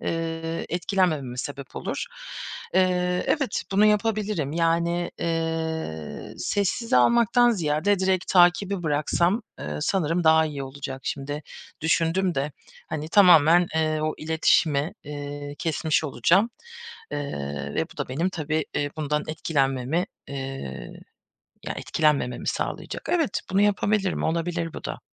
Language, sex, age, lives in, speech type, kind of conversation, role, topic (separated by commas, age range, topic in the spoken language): Turkish, female, 30-34, Germany, conversation, advice, user, Başkalarıyla kendini kıyasladığında hedeflerinden nasıl sapıyorsun?